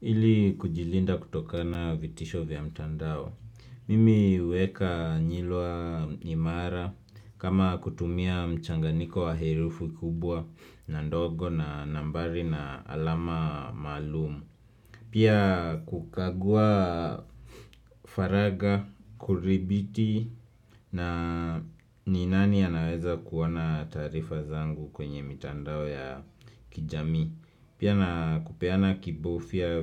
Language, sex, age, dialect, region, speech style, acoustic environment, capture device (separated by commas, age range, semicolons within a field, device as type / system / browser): Swahili, male, 25-34, Kenya - Nairobi Sheng-influenced, Kenya, happy, silence, desktop / Windows / Chrome